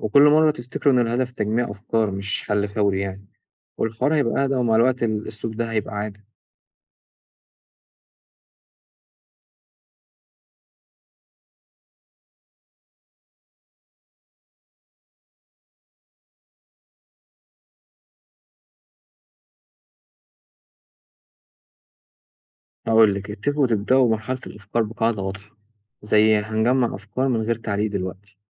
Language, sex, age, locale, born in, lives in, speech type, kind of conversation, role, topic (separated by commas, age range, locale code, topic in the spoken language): Arabic, male, 20-24, ar-EG, Egypt, Egypt, read, expert-assistant, expert, إزاي أقدر أتناقش مع شريكي في حاجة إحنا مش متفقين عليها من غير ما الموضوع يتحول لخناقة؟